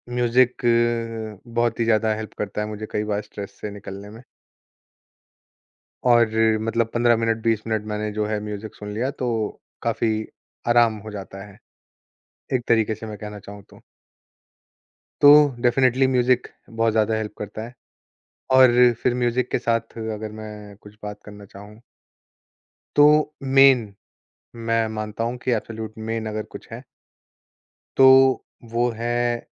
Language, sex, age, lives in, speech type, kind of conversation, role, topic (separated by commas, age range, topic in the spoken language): Hindi, male, 25-29, India, conversation, podcast, guest, Recovery ke dauran tum stress ko kaise manage karte ho?